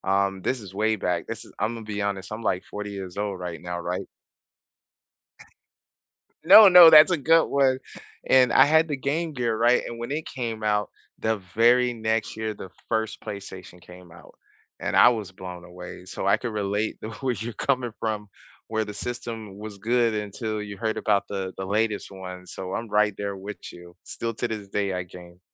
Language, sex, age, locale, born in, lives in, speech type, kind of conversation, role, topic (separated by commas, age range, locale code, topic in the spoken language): English, male, 40-44, en-US, United States, United States, conversation, unstructured, none, What neighborhood hangouts and everyday places around here feel most like home to you, and why?